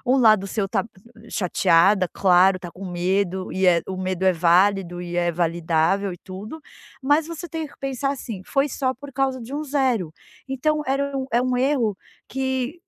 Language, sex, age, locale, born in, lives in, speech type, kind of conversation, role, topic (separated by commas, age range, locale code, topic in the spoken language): Portuguese, female, 40-44, pt-BR, Brazil, United States, conversation, advice, advisor, Como posso superar o medo de falhar em atividades?